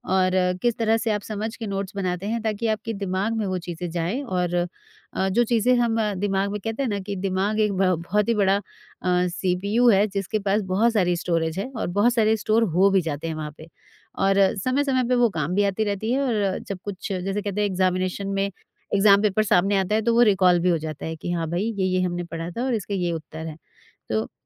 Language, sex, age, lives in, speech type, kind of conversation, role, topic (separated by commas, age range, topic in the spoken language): Hindi, female, 40-44, India, conversation, podcast, host, आप नोट्स लेने के लिए डिजिटल तरीका पसंद करते हैं या कागज़ पर लिखना पसंद करते हैं?